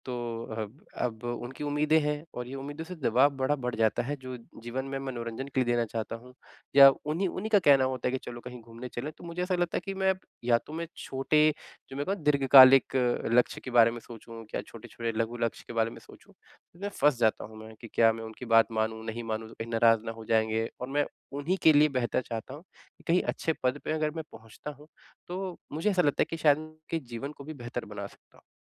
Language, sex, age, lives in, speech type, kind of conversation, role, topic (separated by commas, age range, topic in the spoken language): Hindi, male, 25-29, India, conversation, advice, user, मैं दोस्तों की अपेक्षाओं के दबाव को कैसे संभालूँ?